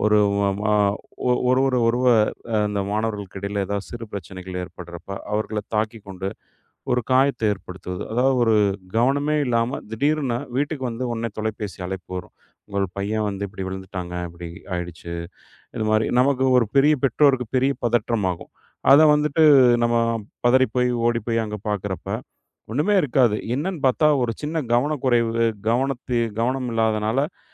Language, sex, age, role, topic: Tamil, male, 40-44, guest, கவனம் சிதறிவிட்டால் அதை மீண்டும் திரும்பப் பெற நீங்கள் என்ன செய்வீர்கள்?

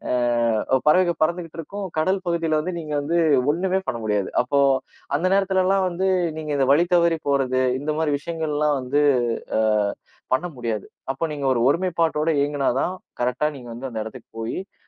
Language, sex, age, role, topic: Tamil, male, 30-34, guest, பறவைகளின் கூட்டம் நமக்கு கூட்டுறவு பற்றி என்ன பாடம் கற்றுத் தருகிறது?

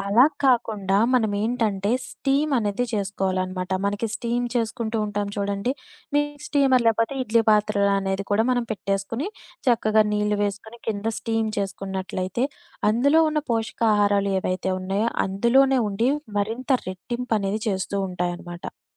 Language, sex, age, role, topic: Telugu, female, 25-29, guest, కుటుంబంతో ఆరోగ్య అలవాట్లు పంచుకోవడంలో మీ అనుభవం ఏమిటి?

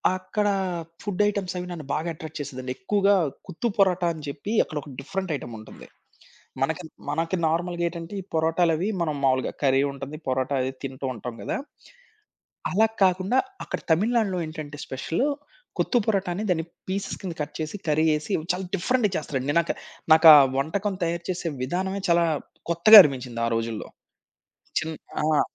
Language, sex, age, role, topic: Telugu, male, 30-34, guest, ఎక్కడికి వెళ్లినప్పుడు మీకు అత్యంత ఆకట్టుకున్న ఆహారం ఏది?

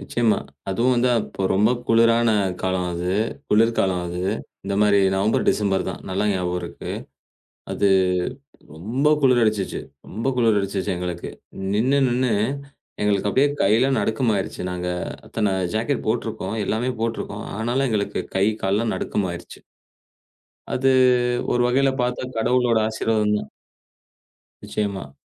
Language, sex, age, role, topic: Tamil, male, 35-39, guest, ஒரு அந்நியர்மீது நம்பிக்கை வைத்து அவர் உங்களுக்கு உதவிய அனுபவம் ஏதாவது உள்ளதா?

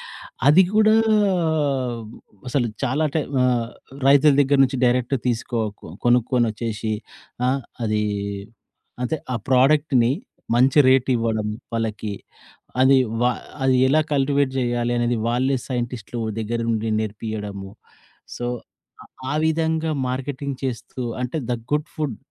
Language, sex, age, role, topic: Telugu, male, 40-44, guest, రైతులతో నేరుగా కొనుగోలు ఎలా జరుగుతుంది?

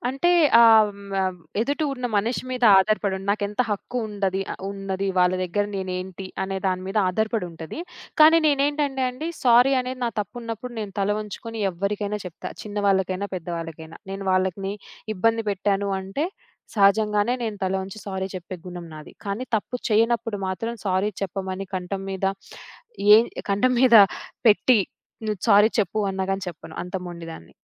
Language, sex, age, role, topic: Telugu, female, 25-29, guest, మీరు ఎప్పుడైనా తప్పు వల్ల ఒక బంధాన్ని కోల్పోయారా, ఆ బంధాన్ని తిరిగి ఎలా చక్కదిద్దుకున్నారు?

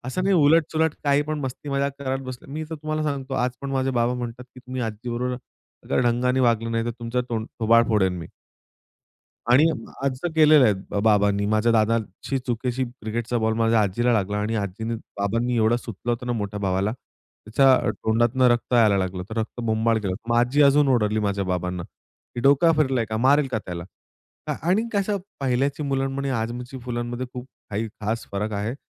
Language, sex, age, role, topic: Marathi, male, 25-29, guest, आपण एकमेकांना मनापासून ऐकण्याची सवय कशी विकसित करू शकतो?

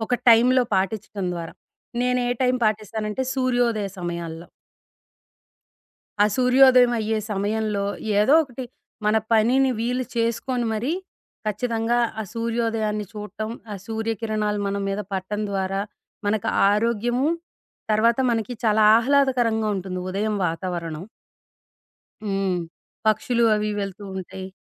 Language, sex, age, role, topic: Telugu, female, 40-44, guest, ప్రతి రోజు ప్రారంభంలో మీరు పాటించే చిన్న దినచర్య ఏదైనా ఉందా?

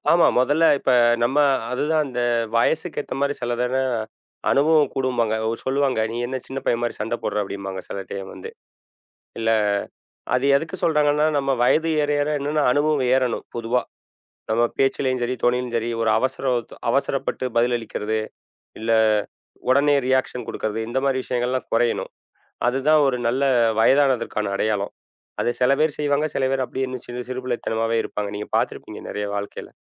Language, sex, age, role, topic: Tamil, male, 40-44, guest, மன்னிக்க மனம் வராதபோது என்ன செய்யலாம்?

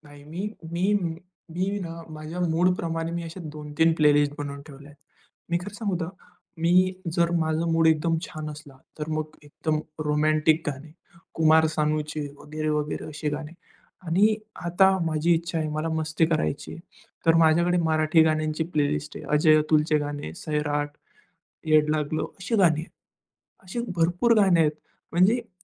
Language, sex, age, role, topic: Marathi, male, 20-24, guest, शेअर केलेल्या गाण्यांच्या यादीमुळे नातं कसं बदलू शकतं असं तुम्हाला वाटतं का?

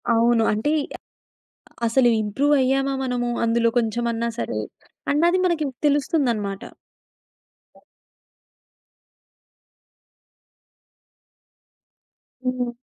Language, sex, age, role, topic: Telugu, female, 20-24, guest, టుడూ లిస్ట్ యాప్స్ వాడిన అనుభవం మీకు ఎలా ఉంది?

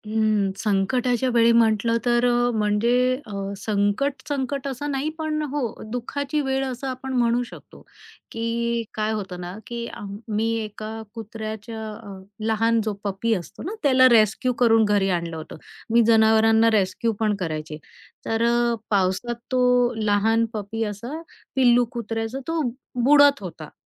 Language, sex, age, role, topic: Marathi, female, 45-49, guest, कधी एकांत गरजेचा असतो असं तुला का वाटतं?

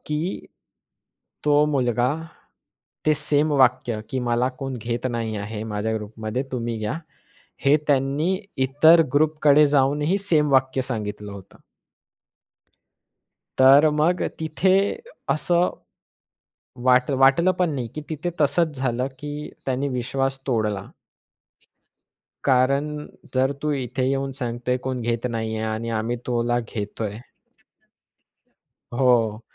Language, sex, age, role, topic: Marathi, male, 20-24, guest, मित्रांशी विश्वास कसा निर्माण करायचा आणि त्यासाठी तुमचे कोणते सल्ले आहेत?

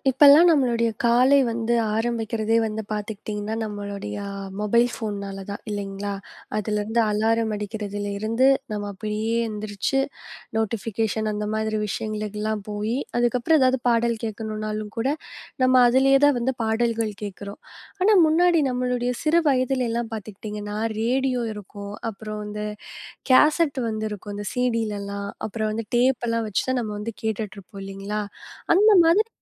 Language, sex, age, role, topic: Tamil, female, 20-24, host, டேப் அல்லது குறுந்தகடு கேட்டு வளர்ந்த கால நினைவுகளைப் பற்றி பேசலாமா?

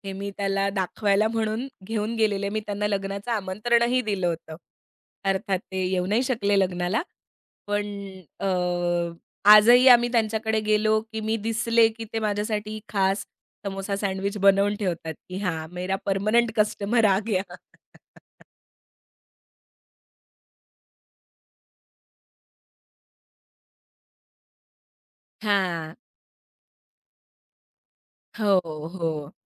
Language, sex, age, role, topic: Marathi, female, 30-34, guest, अन्न-पाण्याच्या निमित्ताने नाती कशी जुळली?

